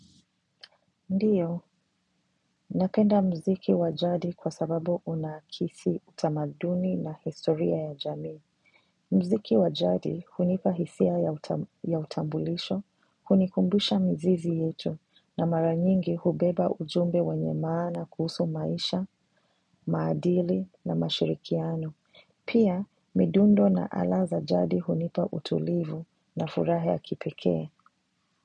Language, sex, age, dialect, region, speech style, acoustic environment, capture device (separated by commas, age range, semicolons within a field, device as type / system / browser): Swahili, female, 25-34, Kenya - Mombasa, Kenya, relaxed, silence; nature, mobile / macOS / Safari